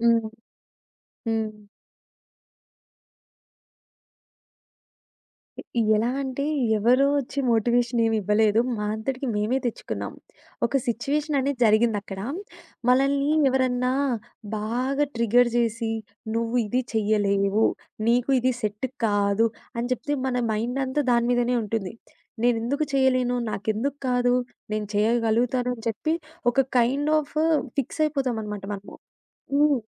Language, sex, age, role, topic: Telugu, female, 20-24, guest, మొదటి అడుగు వేయడానికి నాకు ఎలాంటి సలహాలు ఇస్తారు?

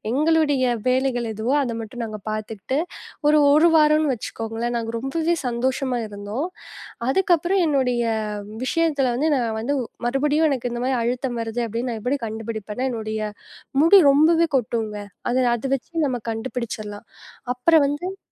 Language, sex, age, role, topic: Tamil, female, 20-24, guest, மனஅழுத்தம் உடலை எப்படி தாக்குகிறது என்பதை நீங்கள் எப்படி அறிகிறீர்கள்?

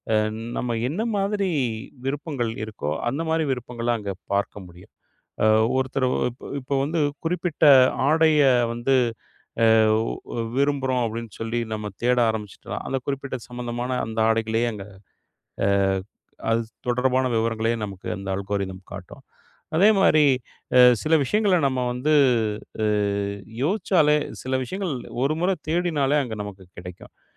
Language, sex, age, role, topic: Tamil, male, 40-44, guest, தொழில்நுட்பமும் சமூக ஊடகங்களும் உங்கள் கலாச்சார ஆடை முறைக்கு என்ன பங்கு வகிக்கின்றன?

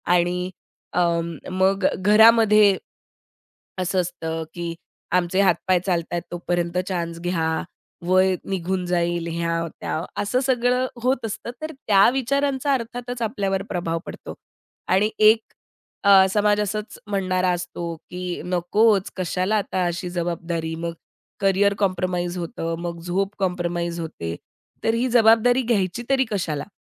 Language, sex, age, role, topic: Marathi, female, 30-34, guest, पालकत्व घ्यायचं की नाही, याचा निर्णय तुम्ही कसा करता?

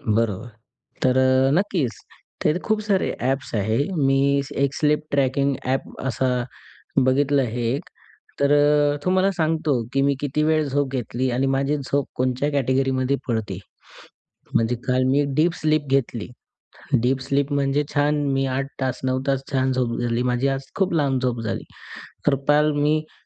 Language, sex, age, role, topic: Marathi, male, 20-24, guest, तुला कसं कळतं की तुला पुरेशी झोप मिळालीय का?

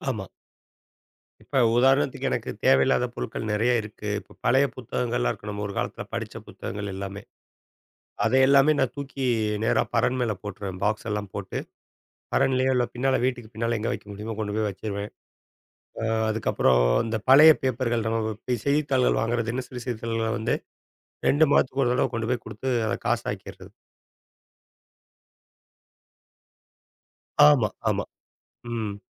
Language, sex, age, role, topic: Tamil, male, 40-44, guest, சின்ன அறையை எப்படி திறமையாகப் பயன்படுத்துவீர்கள்?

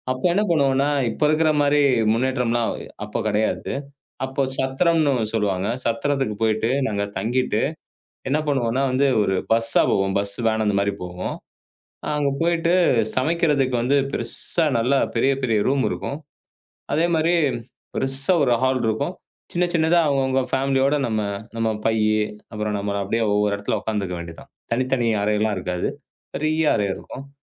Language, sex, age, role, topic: Tamil, male, 35-39, guest, இன்றுவரை உங்களுக்கு மறக்க முடியாத உணவுச் சுவை நினைவு எது?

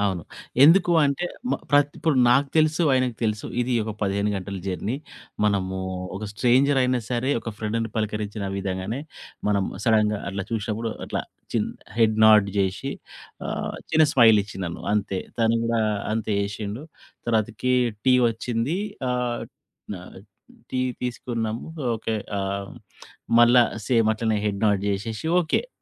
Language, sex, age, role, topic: Telugu, male, 40-44, guest, అనుకోకుండా కలిసిన ఒక వ్యక్తితో జరిగిన గుర్తుండిపోయే సంఘటనను మీరు వివరించగలరా?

